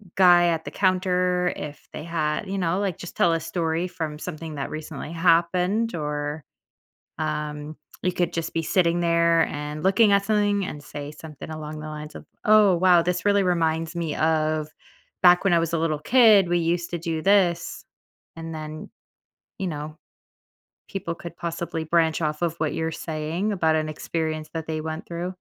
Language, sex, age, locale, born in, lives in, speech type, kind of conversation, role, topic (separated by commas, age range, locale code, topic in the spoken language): English, female, 30-34, en-US, United States, United States, conversation, advice, advisor, How can I speak up more in groups?